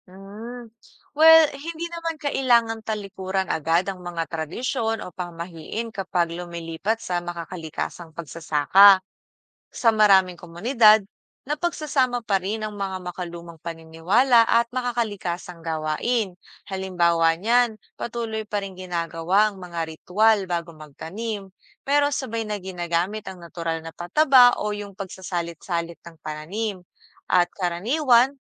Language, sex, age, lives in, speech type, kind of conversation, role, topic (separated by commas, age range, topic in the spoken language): Filipino, female, 25-29, Philippines, read, expert-assistant, expert, Paano Pinagsasama ang Makakalikasang Pagsasaka at Tradisyon sa Pamilya?